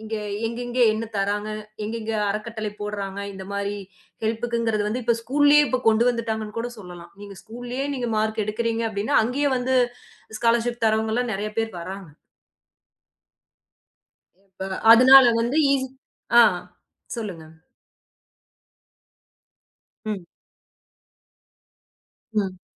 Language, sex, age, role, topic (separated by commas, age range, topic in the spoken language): Tamil, female, 30-34, guest, படிப்பிற்கு பணம் இல்லாமல் கற்றுக்கொள்ள நீ என்ன செய்வாய்?